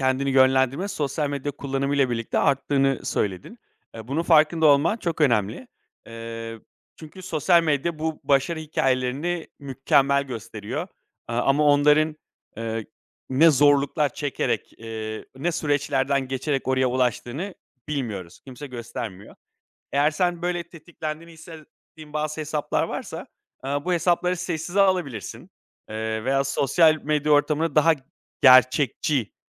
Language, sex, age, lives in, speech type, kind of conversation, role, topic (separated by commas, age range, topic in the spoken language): Turkish, male, 35-39, Greece, conversation, advice, advisor, Başkalarıyla kendini kıyasladığında hedeflerinden nasıl sapıyorsun?